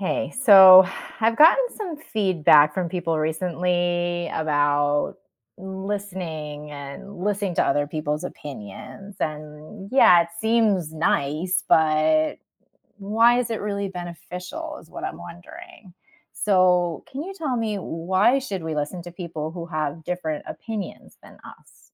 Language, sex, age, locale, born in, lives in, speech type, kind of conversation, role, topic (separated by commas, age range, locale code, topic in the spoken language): English, female, 40-44, en-US, United States, United States, read, expert-assistant, asker, Why is it important to consider diverse viewpoints?